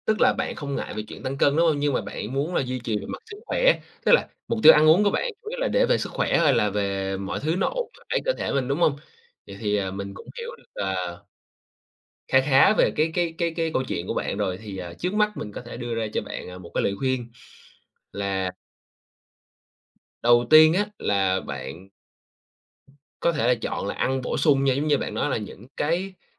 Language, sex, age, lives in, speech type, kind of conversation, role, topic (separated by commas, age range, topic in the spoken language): Vietnamese, male, 25-29, Vietnam, conversation, advice, advisor, Làm sao để bỏ thói quen ăn vặt vào buổi tối để không phá vỡ chế độ ăn?